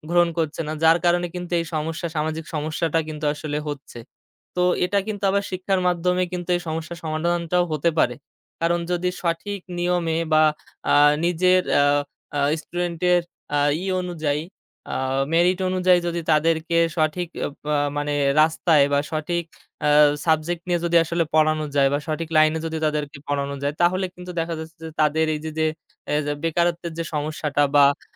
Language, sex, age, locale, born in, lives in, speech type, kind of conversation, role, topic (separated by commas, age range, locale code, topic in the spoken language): Bengali, male, 25-29, bn-BD, Bangladesh, Bangladesh, conversation, unstructured, none, শিক্ষার মাধ্যমে সমাজে কী কী পরিবর্তন আনা সম্ভব?